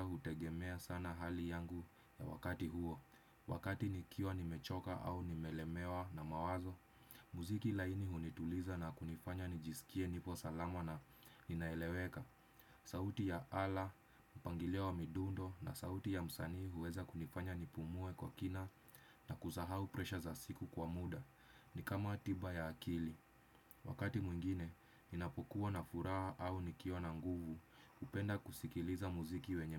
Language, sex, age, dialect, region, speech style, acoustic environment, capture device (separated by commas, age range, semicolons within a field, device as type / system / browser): Swahili, male, 25-34, Kenya - Nairobi Sheng-influenced, Kenya, stressed; relaxed, silence, desktop / Windows / Chrome